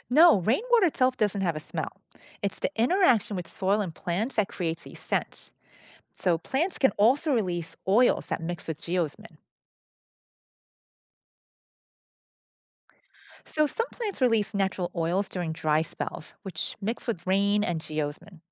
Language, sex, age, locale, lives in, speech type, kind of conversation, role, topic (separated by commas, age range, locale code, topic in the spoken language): English, female, 50-54, en-US, United States, read, expert-assistant, expert, What causes the smell after it rains?